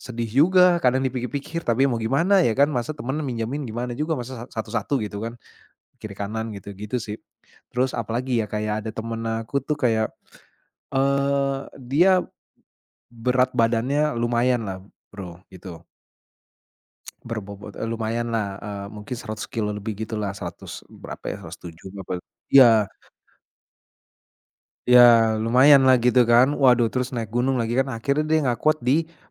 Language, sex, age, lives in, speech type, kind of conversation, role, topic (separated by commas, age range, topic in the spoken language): Indonesian, male, 35-39, Indonesia, conversation, podcast, guest, Apa pengalaman mendaki gunung paling berkesan yang pernah kamu alami?